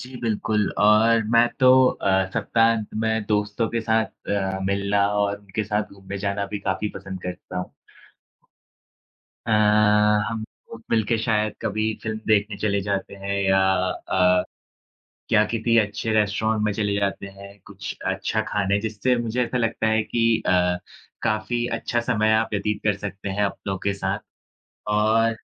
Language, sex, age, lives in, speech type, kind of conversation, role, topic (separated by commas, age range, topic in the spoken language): Hindi, male, 20-24, India, conversation, unstructured, none, आपके लिए आदर्श सप्ताहांत कैसा होता है?